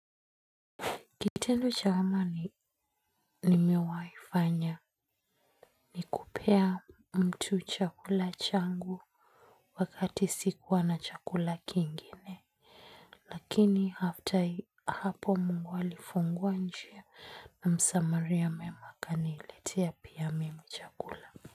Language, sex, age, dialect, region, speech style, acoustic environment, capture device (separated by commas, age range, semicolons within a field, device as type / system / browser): Swahili, female, 25-34, Kenya - Nairobi Sheng-influenced, Kenya, happy, silence, mobile / Linux / Chrome